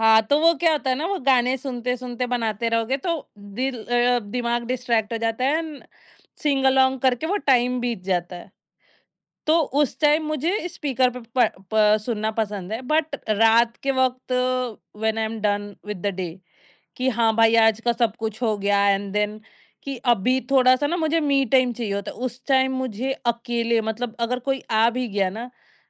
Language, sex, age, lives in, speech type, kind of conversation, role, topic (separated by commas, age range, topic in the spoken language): Hindi, female, 30-34, India, conversation, podcast, guest, Kaunse gaane tumhara mood turant badal dete hain?